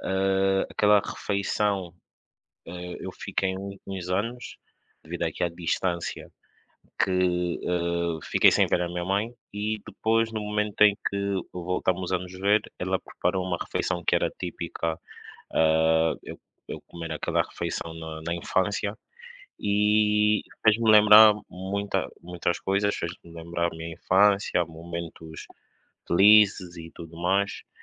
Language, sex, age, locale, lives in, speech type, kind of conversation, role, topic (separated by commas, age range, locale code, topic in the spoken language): Portuguese, male, 25-29, pt-PT, Portugal, conversation, unstructured, none, Qual foi a refeição mais especial que você já experimentou?